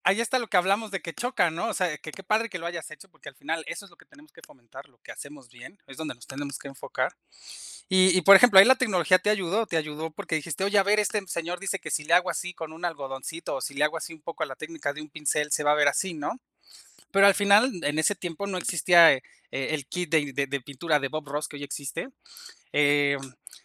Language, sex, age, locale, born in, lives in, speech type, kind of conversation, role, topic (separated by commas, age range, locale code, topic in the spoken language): Spanish, male, 35-39, es-MX, Mexico, Mexico, conversation, unstructured, none, ¿Crees que la tecnología ayuda a que todas las personas tengan acceso a la educación?